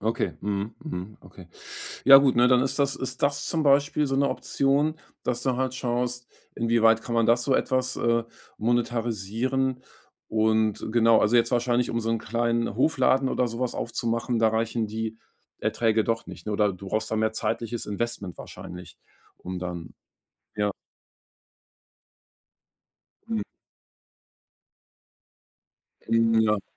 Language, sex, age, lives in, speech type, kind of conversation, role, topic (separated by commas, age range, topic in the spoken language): German, male, 45-49, Germany, conversation, advice, advisor, Wie schaffe ich es, meine monatlichen Ausgaben und Rückzahlungen besser zu kontrollieren?